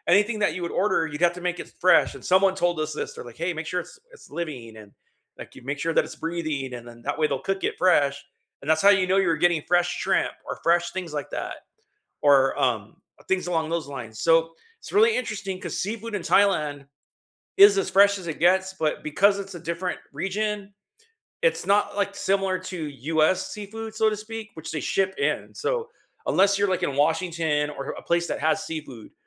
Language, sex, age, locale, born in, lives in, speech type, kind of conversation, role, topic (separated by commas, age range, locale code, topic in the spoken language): English, male, 50-54, en-US, United States, United States, conversation, unstructured, none, What was the most memorable meal you’ve ever had, and what made it unforgettable for you?